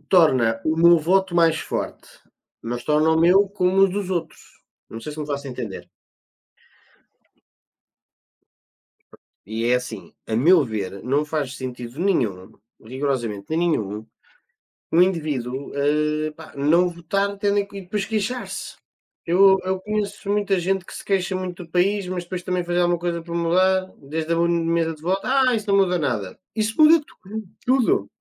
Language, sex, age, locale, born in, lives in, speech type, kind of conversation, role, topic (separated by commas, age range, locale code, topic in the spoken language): Portuguese, male, 20-24, pt-PT, Portugal, Portugal, conversation, unstructured, none, Como o ativismo digital pode influenciar a formulação de políticas públicas?